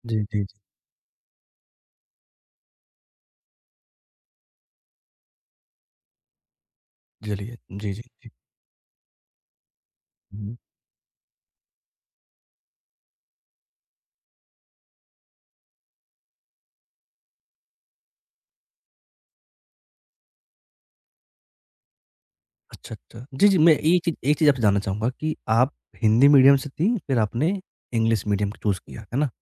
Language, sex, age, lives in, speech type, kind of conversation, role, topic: Hindi, male, 20-24, India, conversation, podcast, host, Failure ko tum apni safalta ki yatra ka hissa kaise maante ho?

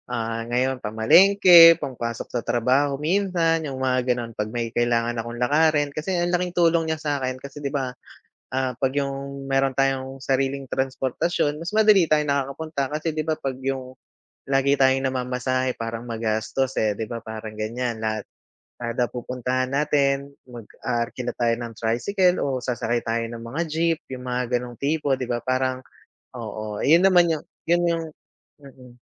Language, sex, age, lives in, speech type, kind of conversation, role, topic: Filipino, male, 35-39, Philippines, conversation, unstructured, none, Paano mo ipinagdiriwang ang pagkakaroon ng dagdag na pera, ano ang unang bagay na binili mo matapos makapag-ipon nang malaki, at paano mo pinapawi ang stress kapag nauubos ang pera?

